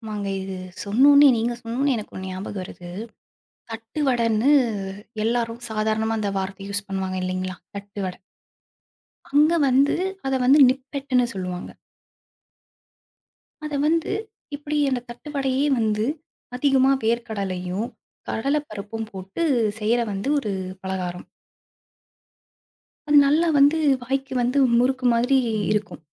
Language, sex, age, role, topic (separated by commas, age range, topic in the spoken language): Tamil, female, 25-29, guest, உங்கள் உணவுச் சடங்குகள் உங்கள் அடையாளத்தை எப்படிச் சொல்லுகின்றன?